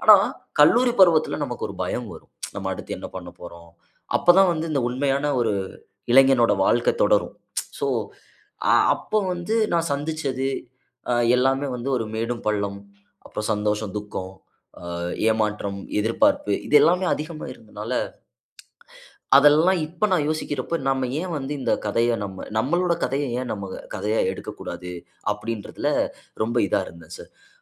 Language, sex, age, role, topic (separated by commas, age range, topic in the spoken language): Tamil, male, 25-29, guest, கதை எழுதும்போது நம்பகமான பாத்திரங்களை எப்படி உருவாக்குவீர்கள்?